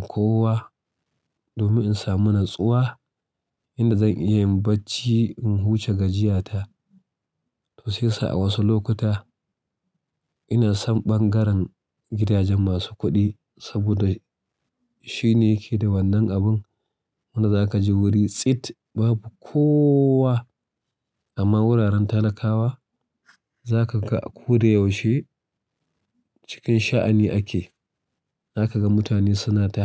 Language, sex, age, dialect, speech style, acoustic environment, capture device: Hausa, male, 35-44, Nigeria - Kano, relaxed, nature, mobile / Linux / Chrome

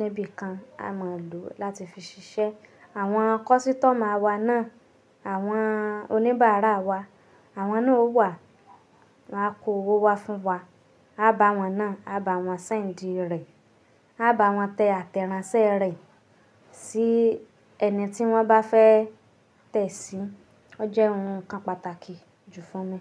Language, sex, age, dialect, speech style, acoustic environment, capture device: Yoruba, female, 25-34, Nigeria - Nigerian Standard, happy; nervous, silence, mobile / Linux / Chrome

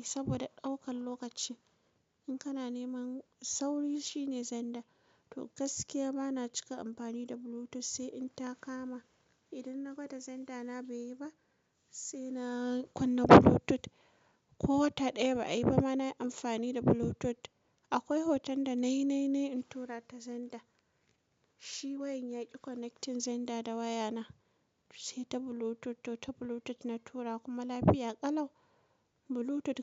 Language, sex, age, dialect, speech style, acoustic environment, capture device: Hausa, female, 25-34, Nigeria - Kano, relaxed, silence, mobile / Linux / Chrome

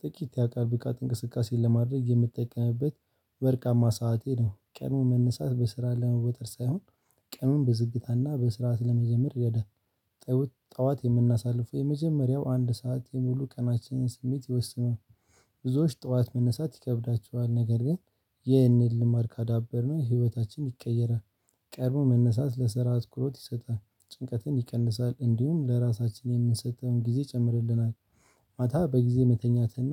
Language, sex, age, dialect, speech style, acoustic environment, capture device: Amharic, male, 18-24, Ethiopia - Addis Ababa, excited, silence, mobile / Linux / Chrome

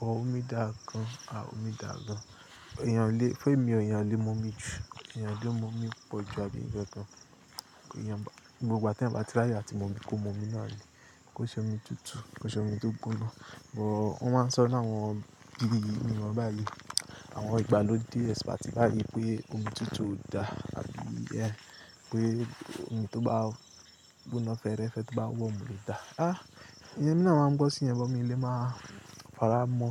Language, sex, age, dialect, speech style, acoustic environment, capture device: Yoruba, male, 25-34, Nigeria - Lagos Yoruba, relaxed, nature, mobile / Linux / Chrome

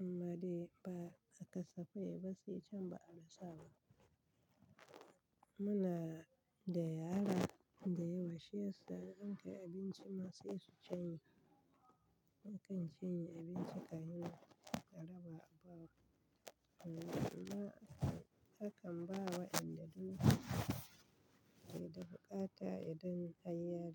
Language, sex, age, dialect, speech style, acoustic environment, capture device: Hausa, female, 35-44, Nigeria - Kano, happy, people talking, desktop / Linux / Chrome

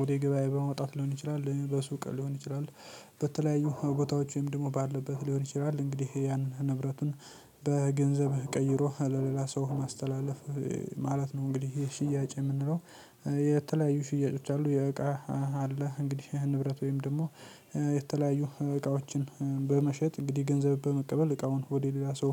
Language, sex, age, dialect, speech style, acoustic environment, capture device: Amharic, male, 18-24, Ethiopia - Addis Ababa, happy; focused, nature; silence, mobile / Linux / Chrome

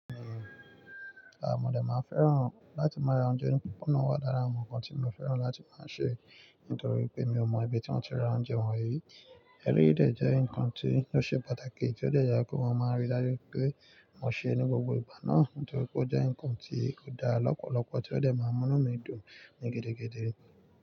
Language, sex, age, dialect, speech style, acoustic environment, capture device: Yoruba, male, 25-34, Nigeria - Nigerian Standard, relaxed, nature, mobile / Linux / Chrome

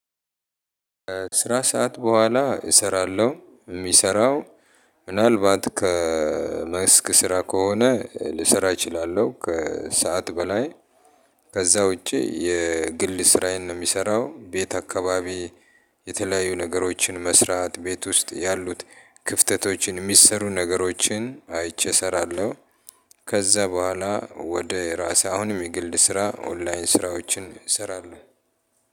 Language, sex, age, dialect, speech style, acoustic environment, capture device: Amharic, male, 35-44, Ethiopia - Addis Ababa, happy; relaxed; excited; focused, people talking; appliances, mobile / Linux / Chrome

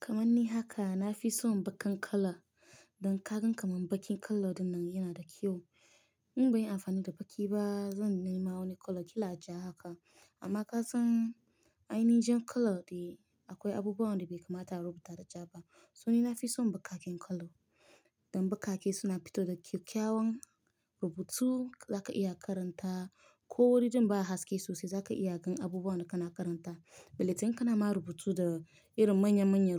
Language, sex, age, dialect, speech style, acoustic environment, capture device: Hausa, female, 25-34, Nigeria - Nigerian Standard, happy; relaxed; excited; focused, silence, mobile / Linux / Chrome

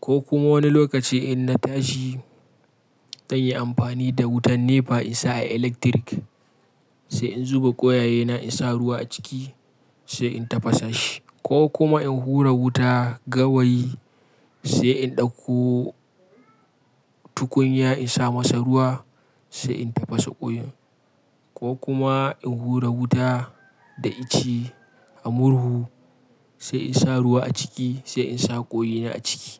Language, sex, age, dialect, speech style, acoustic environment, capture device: Hausa, male, 25-34, Nigeria - Kano, happy; relaxed; focused, silence, mobile / Linux / Chrome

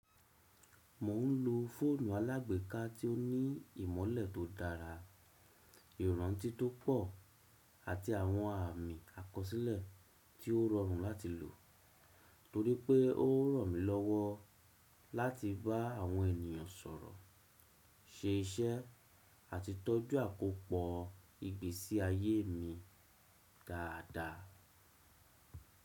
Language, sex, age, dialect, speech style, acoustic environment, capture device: Yoruba, male, 35-44, Nigeria - Lagos Yoruba, happy, silence; nature, desktop / Windows / Chrome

